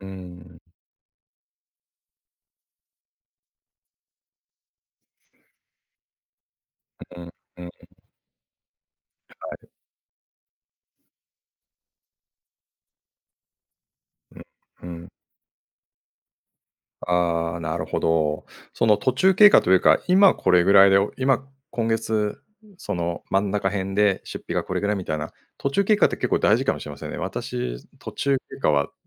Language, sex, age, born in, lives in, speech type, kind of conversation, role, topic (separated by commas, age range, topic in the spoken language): Japanese, male, 50-54, Japan, Japan, conversation, advice, user, パートナーと家計管理や支出のルールが合わないとき、どうすればよいですか？